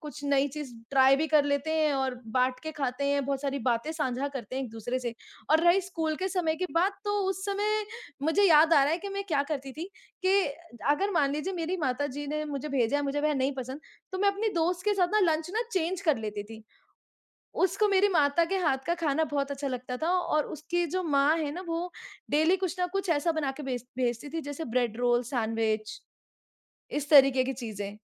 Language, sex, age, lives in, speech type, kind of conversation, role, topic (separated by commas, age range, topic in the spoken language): Hindi, female, 25-29, India, conversation, unstructured, none, क्या आप मानते हैं कि खाना प्यार जताने का एक ज़रिया होता है?